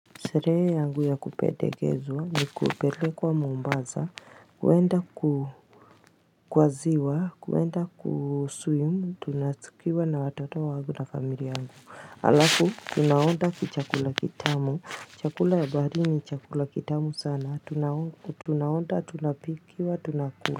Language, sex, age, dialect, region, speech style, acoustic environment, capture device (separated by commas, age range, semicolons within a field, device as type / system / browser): Swahili, female, 35-44, Kenya - Mombasa, Kenya, nervous, people talking, mobile / Linux / Chrome